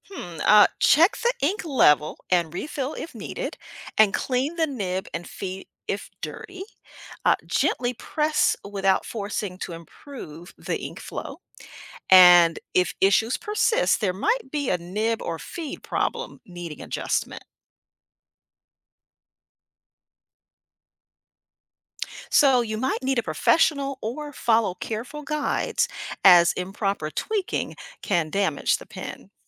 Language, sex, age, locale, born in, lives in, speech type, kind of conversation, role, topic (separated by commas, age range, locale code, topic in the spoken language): English, female, 55-59, en-US, United States, United States, read, expert-assistant, expert, How does a fountain pen control ink flow?